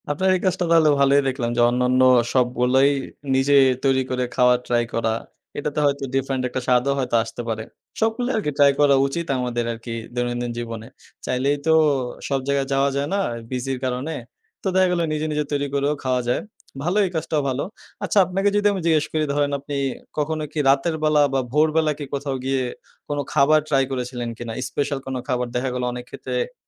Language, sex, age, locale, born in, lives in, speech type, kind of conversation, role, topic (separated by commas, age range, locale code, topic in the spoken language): Bengali, male, 20-24, bn-BD, Bangladesh, Bangladesh, conversation, podcast, host, কোন শহরের কোন খাবারের গন্ধ আপনার নাকে-মুখে এখনও লেগে আছে?